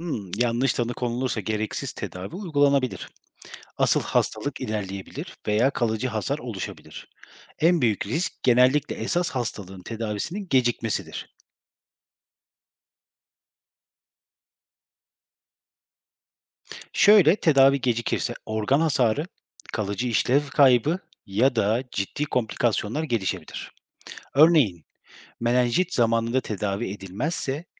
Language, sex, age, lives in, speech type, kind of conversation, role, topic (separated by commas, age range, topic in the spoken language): Turkish, male, 30-34, Germany, read, expert-assistant, expert, Hafif başlayan belirtiler ciddi hastalıkların tanısında gecikmeye neden olur mu?